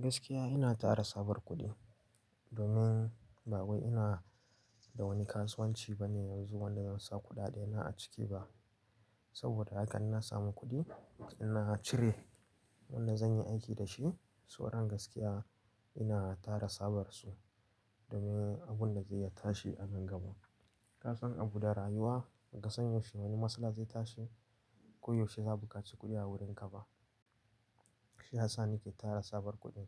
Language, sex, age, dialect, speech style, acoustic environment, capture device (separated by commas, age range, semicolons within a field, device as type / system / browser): Hausa, male, 18-24, Nigeria - Nigerian Standard, focused, nature, mobile / Linux / Chrome